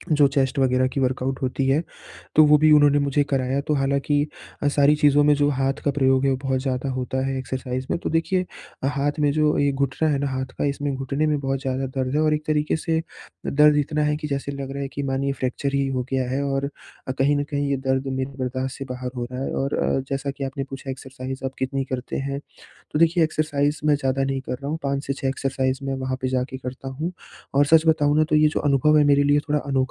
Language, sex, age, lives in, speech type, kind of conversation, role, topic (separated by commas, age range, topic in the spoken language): Hindi, male, 20-24, India, conversation, advice, user, चोट के बाद मैं अपनी प्रेरणा कैसे बनाए रख सकता/सकती हूँ?